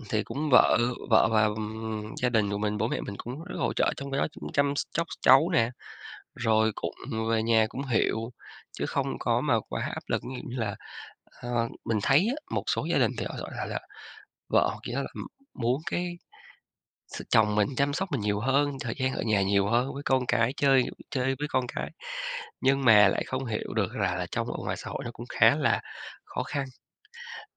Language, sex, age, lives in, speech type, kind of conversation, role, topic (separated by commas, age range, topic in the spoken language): Vietnamese, male, 35-39, Vietnam, conversation, podcast, guest, Làm sao bạn cân bằng việc học với công việc và gia đình?